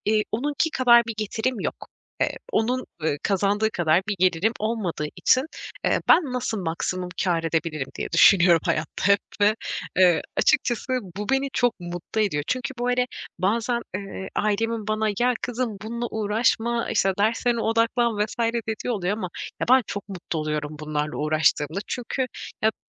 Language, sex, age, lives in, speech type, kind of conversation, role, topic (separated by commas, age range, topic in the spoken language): Turkish, female, 25-29, Poland, conversation, advice, user, Zamana yatırım yapmak yerine kısa vadede para kazanmayı seçersem nelerden vazgeçmiş olurum?